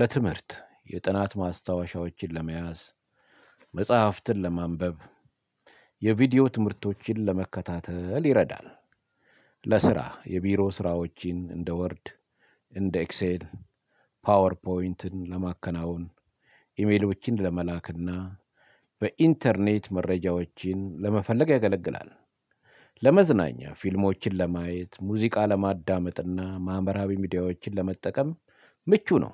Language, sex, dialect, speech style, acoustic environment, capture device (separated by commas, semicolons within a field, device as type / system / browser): Amharic, male, Ethiopia - Addis Ababa, focused; relaxed, silence, mobile / Linux / Chrome